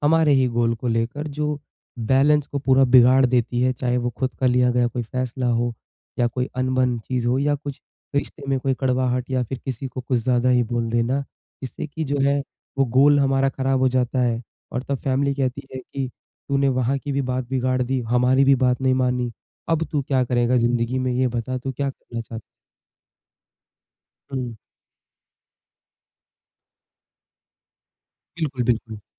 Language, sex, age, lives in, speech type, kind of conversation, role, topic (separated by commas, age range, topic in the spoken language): Hindi, male, 20-24, India, conversation, podcast, host, Aap apne ghar walon ki ummeedon aur apne personal goals ko kaise balance karte hain?